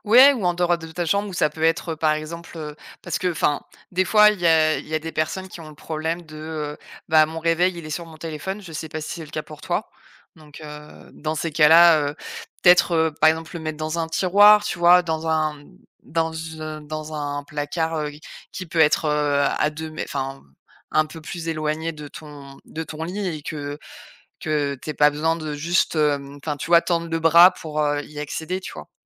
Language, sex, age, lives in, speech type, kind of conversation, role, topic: French, female, 35-39, France, conversation, advice, advisor, Difficulté à déconnecter le soir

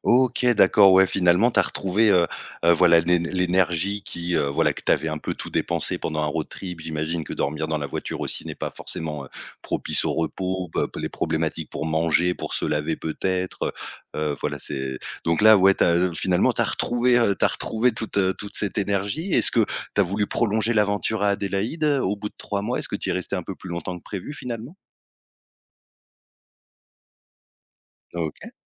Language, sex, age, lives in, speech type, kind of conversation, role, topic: French, male, 30-34, France, conversation, podcast, host, Fais-tu plus souvent confiance à ton instinct ou à la logique ?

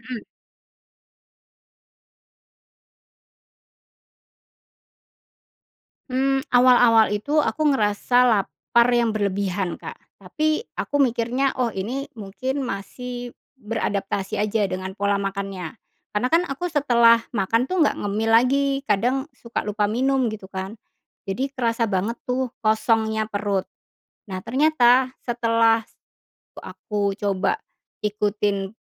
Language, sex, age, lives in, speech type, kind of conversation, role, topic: Indonesian, female, 40-44, Indonesia, conversation, podcast, guest, Bagaimana cara Anda mengurangi konsumsi gula sehari-hari?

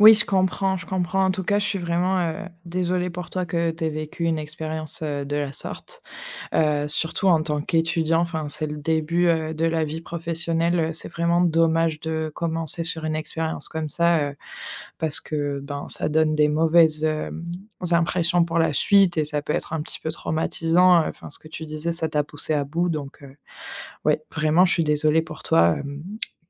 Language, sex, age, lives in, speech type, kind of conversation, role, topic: French, female, 25-29, France, conversation, advice, advisor, Comment puis-je me reposer sans culpabiliser ?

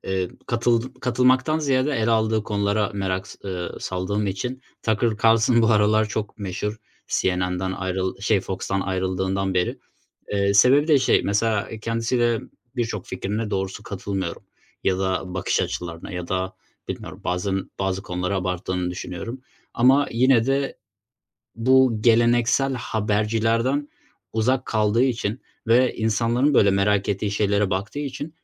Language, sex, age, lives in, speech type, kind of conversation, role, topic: Turkish, male, 20-24, Germany, conversation, unstructured, none, Sence gazetecilere yönelik tehditler neden artıyor?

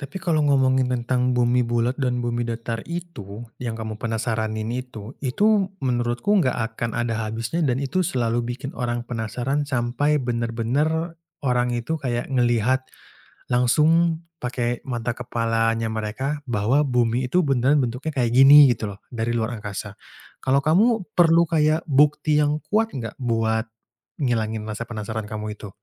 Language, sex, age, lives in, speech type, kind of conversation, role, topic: Indonesian, male, 25-29, Indonesia, conversation, podcast, host, Bagaimana cara Anda menjaga rasa penasaran agar tetap hidup?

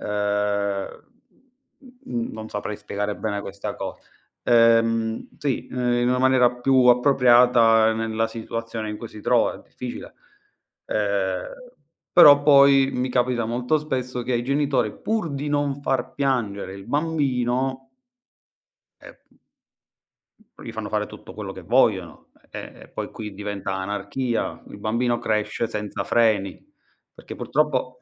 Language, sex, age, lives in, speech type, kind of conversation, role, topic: Italian, male, 35-39, Italy, conversation, unstructured, none, Hai mai avuto l’impressione che la società ignori la salute mentale?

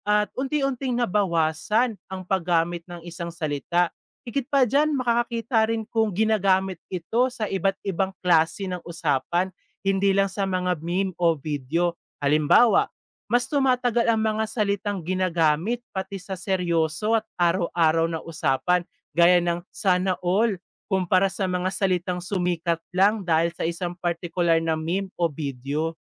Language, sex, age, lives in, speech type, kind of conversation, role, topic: Filipino, male, 20-24, Philippines, read, expert-assistant, expert, Paano nagbabago ang wika sa social media?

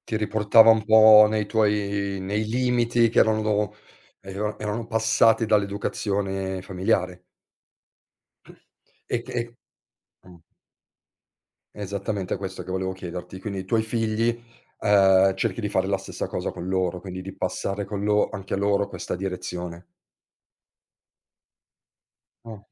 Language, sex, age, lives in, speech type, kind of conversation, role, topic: Italian, male, 40-44, Italy, conversation, podcast, host, Come definiresti il successo nella vita con parole semplici?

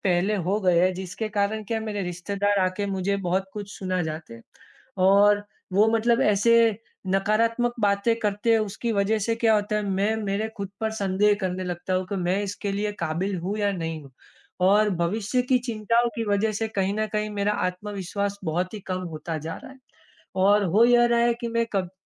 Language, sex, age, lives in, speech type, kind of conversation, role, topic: Hindi, male, 25-29, India, conversation, advice, user, रिश्ते में होने वाले आत्म-संदेह को कम करके मैं अपना भरोसा और आत्म-विश्वास कैसे बढ़ा सकता/सकती हूँ?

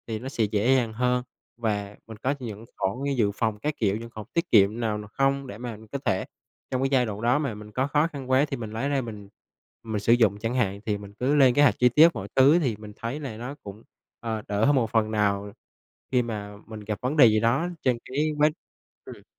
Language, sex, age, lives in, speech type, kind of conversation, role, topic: Vietnamese, male, 25-29, Vietnam, conversation, advice, advisor, Bạn quyết định học lại để lấy bằng mới vì lý do gì?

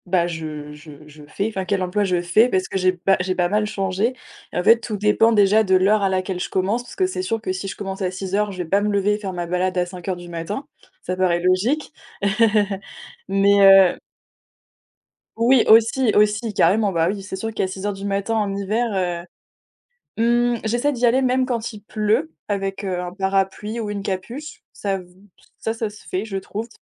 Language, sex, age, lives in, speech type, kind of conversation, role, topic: French, female, 20-24, France, conversation, unstructured, none, Quel est ton rituel du matin préféré pour bien commencer la journée ?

